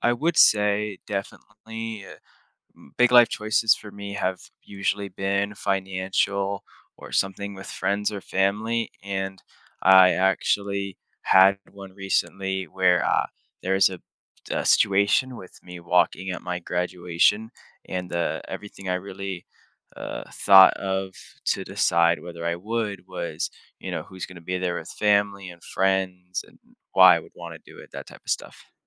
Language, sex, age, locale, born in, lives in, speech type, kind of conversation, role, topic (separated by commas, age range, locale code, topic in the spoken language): English, male, 18-19, en-US, United States, United States, conversation, podcast, guest, How do you approach making important decisions in your life?